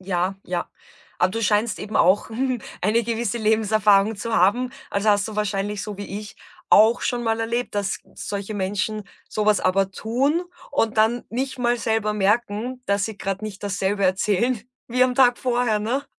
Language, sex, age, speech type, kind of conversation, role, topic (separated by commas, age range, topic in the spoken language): German, female, 35-39, conversation, podcast, host, Wie kann man Vertrauen zwischen Partnern aufbauen?